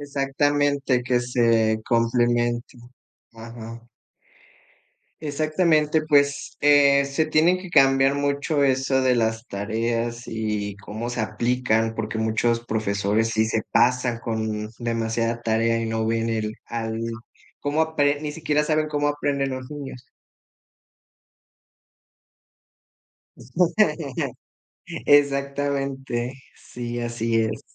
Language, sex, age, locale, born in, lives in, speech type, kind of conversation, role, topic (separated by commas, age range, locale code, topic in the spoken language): Spanish, male, 25-29, es-MX, Mexico, Mexico, conversation, unstructured, none, ¿Crees que las tareas realmente ayudan a aprender?